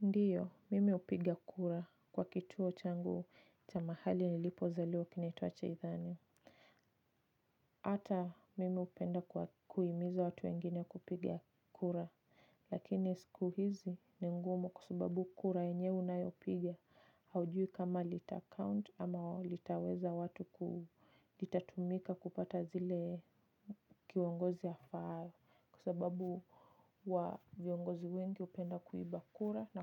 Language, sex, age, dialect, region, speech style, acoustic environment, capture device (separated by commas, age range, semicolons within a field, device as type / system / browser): Swahili, female, 25-34, Kenya - Nairobi Sheng-influenced, Kenya, focused, silence, mobile / Linux / Chrome